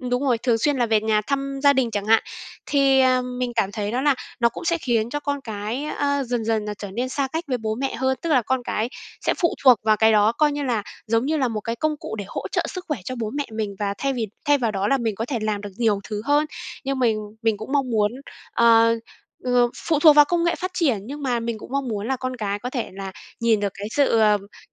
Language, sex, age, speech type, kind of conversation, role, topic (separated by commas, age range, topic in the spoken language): Vietnamese, female, 25-29, conversation, podcast, guest, Công nghệ sẽ giúp người cao tuổi như thế nào trong tương lai?